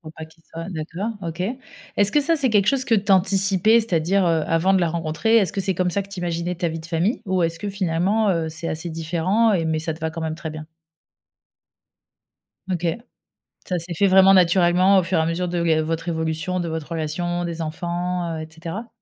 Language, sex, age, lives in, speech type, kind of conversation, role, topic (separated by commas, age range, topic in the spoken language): French, female, 35-39, France, conversation, podcast, host, Quelle rencontre a transformé ta façon de voir la vie ?